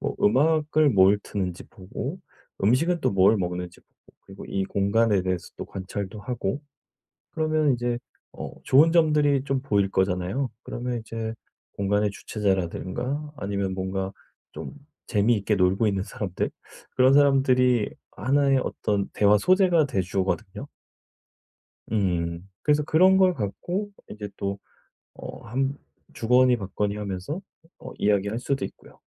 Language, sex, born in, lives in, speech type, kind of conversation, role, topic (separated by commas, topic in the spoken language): Korean, male, South Korea, South Korea, conversation, advice, advisor, 파티에서 친구들과 더 편안하고 자연스럽게 어울리려면 어떻게 해야 하나요?